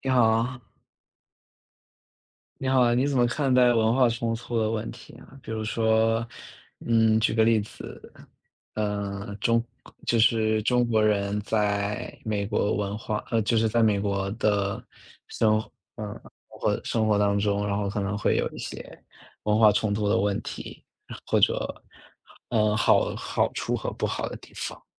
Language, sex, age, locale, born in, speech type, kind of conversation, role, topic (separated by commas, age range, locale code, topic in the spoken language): Chinese, male, 25-29, zh-CN, China, conversation, unstructured, none, 你觉得不同文化之间的冲突应该如何解决？